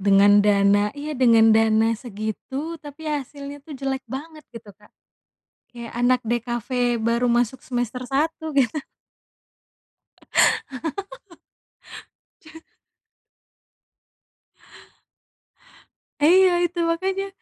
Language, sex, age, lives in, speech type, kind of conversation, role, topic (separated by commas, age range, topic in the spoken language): Indonesian, female, 25-29, Indonesia, conversation, unstructured, none, Bagaimana perasaanmu jika alur cerita film favoritmu diubah tanpa mempertimbangkan pendapat penggemar?